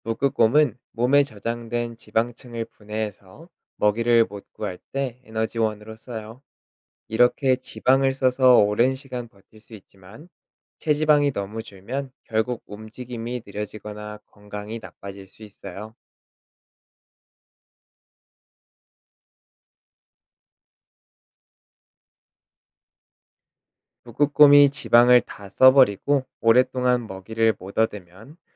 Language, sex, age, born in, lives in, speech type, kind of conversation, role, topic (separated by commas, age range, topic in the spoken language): Korean, male, 30-34, South Korea, South Korea, read, expert-assistant, expert, 북극곰이 북극에서만 사는 이유는 무엇인가요?